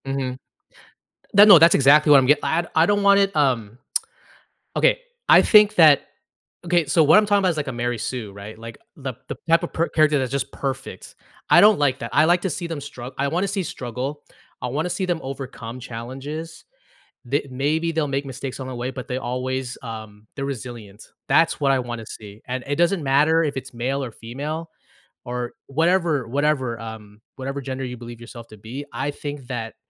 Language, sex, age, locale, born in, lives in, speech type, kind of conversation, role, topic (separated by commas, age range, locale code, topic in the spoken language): English, male, 35-39, en-US, United States, United States, conversation, podcast, host, How can a TV show shape your perspective or connect with your experiences?